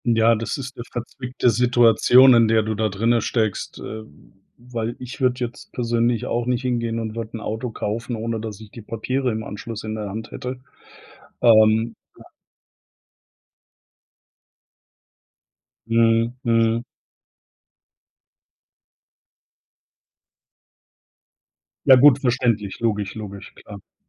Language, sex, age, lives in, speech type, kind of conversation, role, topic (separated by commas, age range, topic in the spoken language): German, male, 50-54, Germany, conversation, advice, advisor, Ich fühle mich von meinem ganzen Besitz überfordert – wie fange ich am besten mit dem Ausmisten an?